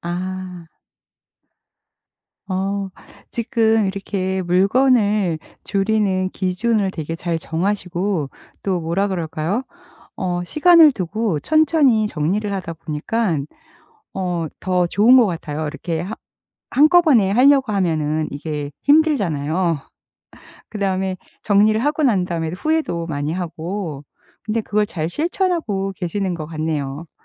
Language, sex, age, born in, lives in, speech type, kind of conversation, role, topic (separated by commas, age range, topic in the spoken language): Korean, female, 55-59, South Korea, United States, conversation, podcast, host, 물건을 줄일 때 어떤 기준으로 남길지 결정하시나요?